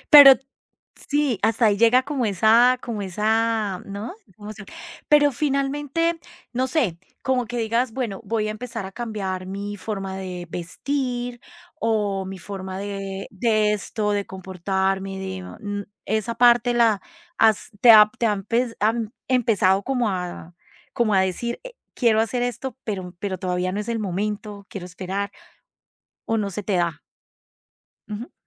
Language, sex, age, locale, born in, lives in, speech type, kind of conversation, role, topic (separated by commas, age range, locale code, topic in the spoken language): Spanish, female, 45-49, es-CO, Colombia, Italy, conversation, podcast, host, ¿Cómo tomas decisiones importantes en tu vida?